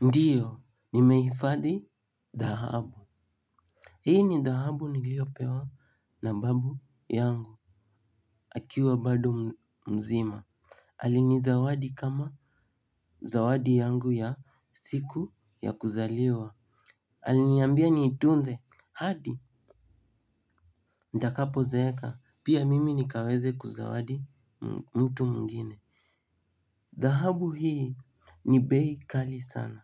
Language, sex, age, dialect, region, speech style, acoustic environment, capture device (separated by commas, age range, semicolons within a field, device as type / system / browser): Swahili, male, 18-24, Kenya - Nairobi Sheng-influenced, Kenya, happy, music, mobile / Linux / Chrome